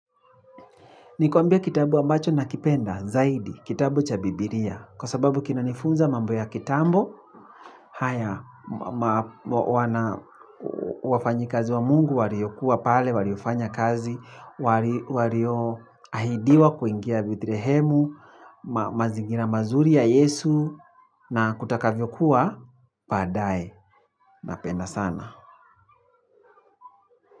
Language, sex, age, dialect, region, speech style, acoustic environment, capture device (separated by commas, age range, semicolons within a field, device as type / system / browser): Swahili, male, 25-34, Kenya - Nairobi Sheng-influenced, Kenya, focused, people talking, mobile / Linux / Chrome